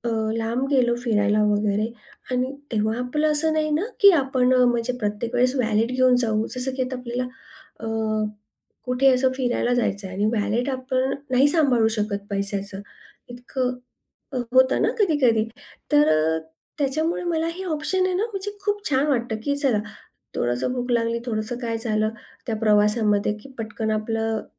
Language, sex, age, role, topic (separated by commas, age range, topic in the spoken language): Marathi, female, 40-44, guest, डिजिटल पेमेंट वापरताना तुमचा अनुभव कसा आहे?